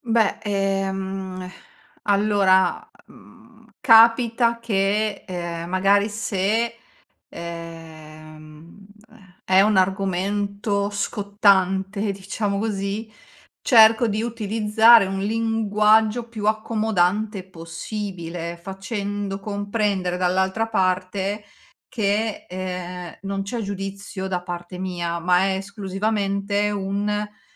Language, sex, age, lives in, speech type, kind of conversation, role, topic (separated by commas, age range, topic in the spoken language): Italian, female, 40-44, Italy, conversation, podcast, guest, Come gestisci una discussione che si scalda troppo?